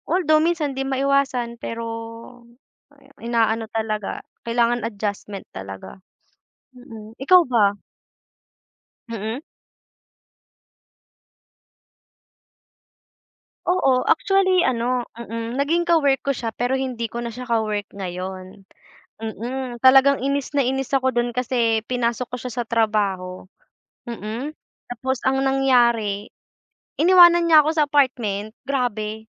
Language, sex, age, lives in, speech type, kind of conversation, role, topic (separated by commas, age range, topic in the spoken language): Filipino, female, 20-24, Philippines, conversation, unstructured, none, Ano ang ginagawa mo kapag naiinis ka sa tuwing naaalala mo ang isang tao?